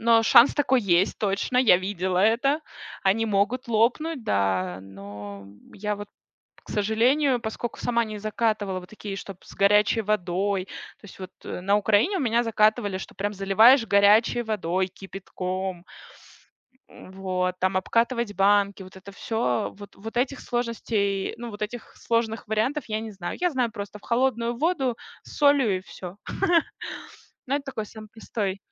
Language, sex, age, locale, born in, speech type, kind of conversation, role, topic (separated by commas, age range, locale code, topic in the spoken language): Russian, female, 30-34, ru-RU, Russia, conversation, podcast, guest, Как вы относитесь к ферментации и закваскам?